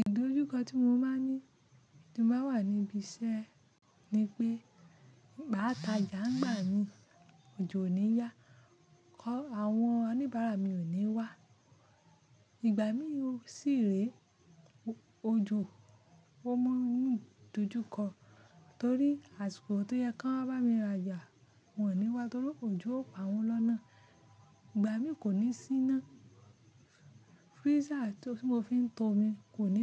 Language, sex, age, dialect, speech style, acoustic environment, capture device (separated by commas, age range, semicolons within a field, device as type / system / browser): Yoruba, female, 25-34, Nigeria - Ibadan, focused, silence, mobile / Linux / Chrome